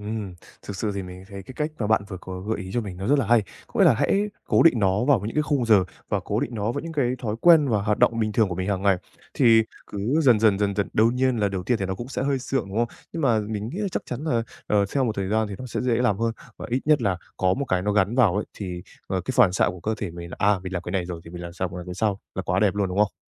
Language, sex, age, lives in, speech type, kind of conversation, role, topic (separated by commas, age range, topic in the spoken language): Vietnamese, male, 20-24, Japan, conversation, advice, user, Làm thế nào để chăm sóc bản thân mỗi ngày một cách hiệu quả?